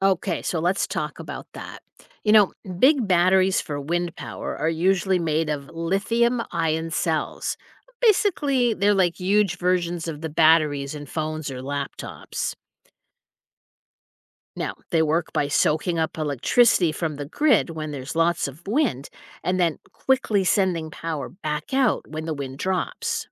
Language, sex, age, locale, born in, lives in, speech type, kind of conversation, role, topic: English, female, 55-59, en-US, United States, United States, read, expert-assistant, expert, How do wind turbines generate and deliver electricity safely?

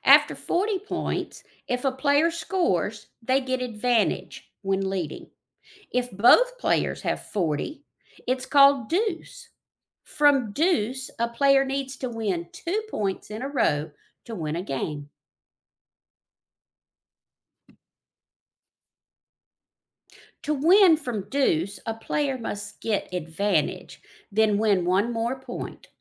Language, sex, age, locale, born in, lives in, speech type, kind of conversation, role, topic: English, female, 55-59, en-US, United States, United States, read, expert-assistant, expert, Explain how tennis scoring works.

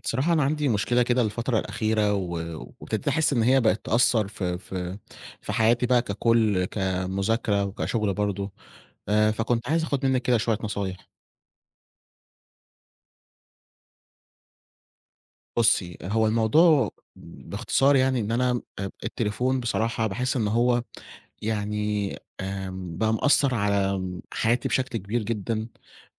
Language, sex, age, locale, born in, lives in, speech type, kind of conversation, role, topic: Arabic, male, 20-24, ar-EG, Egypt, Egypt, conversation, advice, user, ازاي استخدام الموبايل أو الشاشات قبل النوم بيسببلك أرق؟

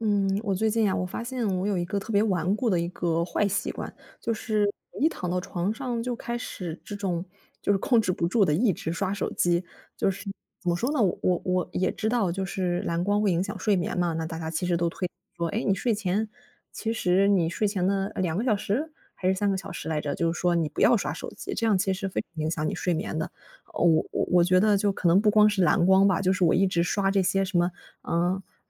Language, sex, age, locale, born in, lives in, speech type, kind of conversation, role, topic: Chinese, female, 25-29, zh-CN, China, Germany, conversation, advice, user, 你晚上刷手机总是影响睡眠，为什么这么难改掉这个习惯？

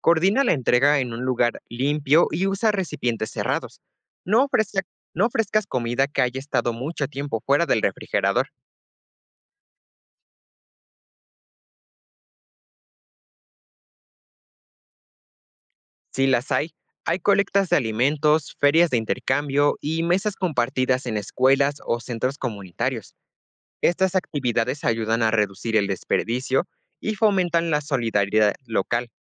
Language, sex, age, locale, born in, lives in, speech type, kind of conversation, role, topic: Spanish, male, 18-19, es-MX, Mexico, Mexico, read, expert-assistant, expert, ¿Cómo podríamos aprovechar mejor la comida que se produce para evitar desperdicios?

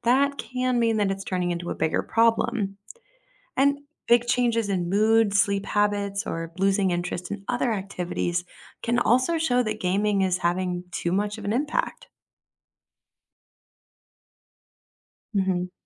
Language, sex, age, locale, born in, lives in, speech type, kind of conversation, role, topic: English, female, 30-34, en-US, United States, United States, read, expert-assistant, expert, What are the positive and negative effects of video games on teenagers?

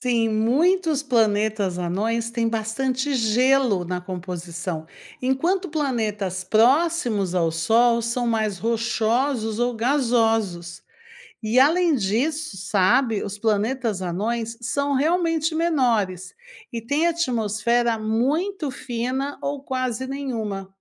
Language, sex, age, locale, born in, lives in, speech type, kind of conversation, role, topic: Portuguese, female, 55-59, pt-BR, Brazil, United States, read, expert-assistant, expert, O que realmente faz de um planeta um planeta, e por que Plutão deixou de ser considerado um?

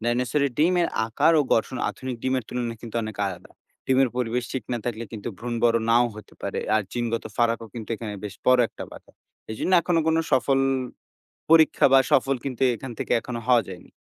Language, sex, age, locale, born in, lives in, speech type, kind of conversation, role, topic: Bengali, male, 20-24, bn-BD, Bangladesh, Bangladesh, read, expert-assistant, expert, ডাইনোসরের ডিএনএ সংরক্ষণ নিয়ে সিনেমা ও গল্পে নানা দাবি করা হয়—বাস্তবে কি ফসিল থেকে ডিএনএ উদ্ধার করে কখনও ডাইনোসরকে ফিরিয়ে আনা সম্ভব?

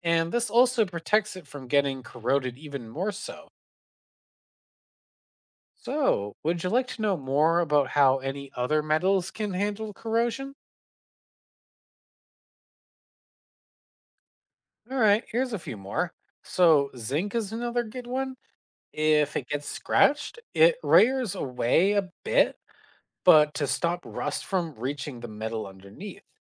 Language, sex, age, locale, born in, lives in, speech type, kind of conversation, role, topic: English, male, 25-29, en-CA, Canada, United States, read, expert-assistant, expert, Why do some metals rust while others do not?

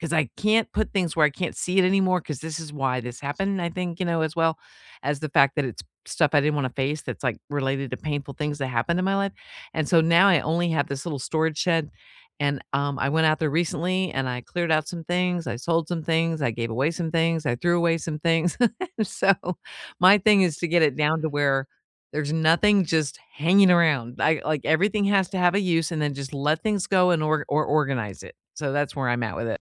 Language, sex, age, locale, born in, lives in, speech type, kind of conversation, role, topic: English, female, 55-59, en-US, United States, United States, conversation, unstructured, none, What clever, real-life storage hacks have you actually tried that make everyday home living easier?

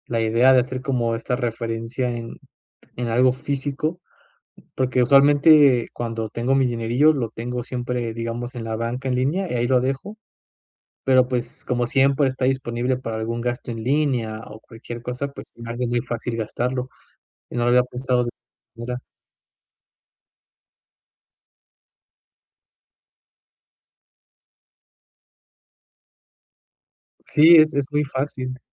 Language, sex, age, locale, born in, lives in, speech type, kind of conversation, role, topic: Spanish, male, 25-29, es-MX, Mexico, Mexico, conversation, advice, user, ¿Cómo puedo controlar mis impulsos de compra cuando la ansiedad financiera me afecta?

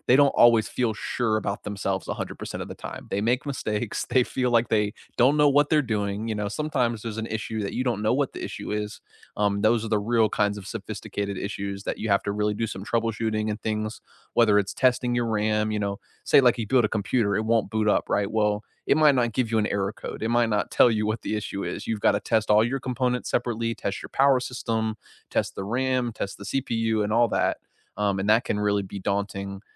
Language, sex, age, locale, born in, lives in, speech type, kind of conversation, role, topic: English, male, 55-59, en-US, United States, United States, conversation, unstructured, none, Should you repair or replace old devices, and when does fixing them beat upgrading?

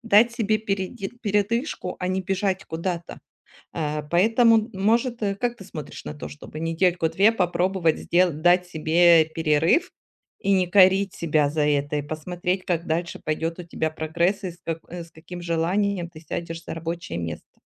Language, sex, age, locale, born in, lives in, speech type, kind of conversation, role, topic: Russian, female, 40-44, ru-RU, Ukraine, Spain, conversation, advice, advisor, Как ограничить время, чтобы больше творить и меньше тормозить?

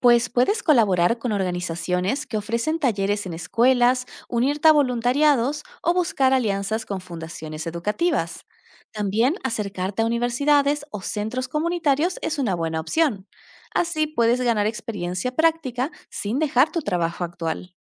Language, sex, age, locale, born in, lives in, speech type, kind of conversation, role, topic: Spanish, female, 30-34, es-AR, Argentina, Netherlands, read, expert-assistant, expert, ¿Es más recomendable priorizar el salario o la satisfacción personal al elegir una carrera?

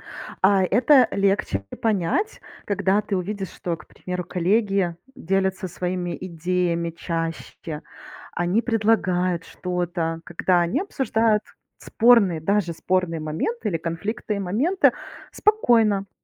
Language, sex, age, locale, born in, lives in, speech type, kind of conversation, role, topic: Russian, female, 40-44, ru-RU, Ukraine, United States, read, expert-assistant, expert, Как можно по-новому подойти к рутинным задачам, чтобы в жизни появилось больше творчества?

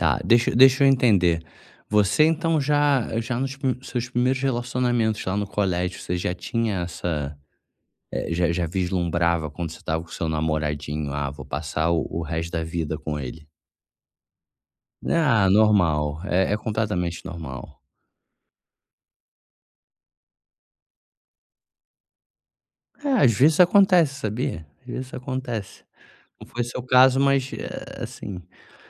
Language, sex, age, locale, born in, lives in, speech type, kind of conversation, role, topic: Portuguese, male, 35-39, pt-BR, Brazil, Germany, conversation, advice, advisor, Como lidar com expectativas não realizadas após o término de um relacionamento?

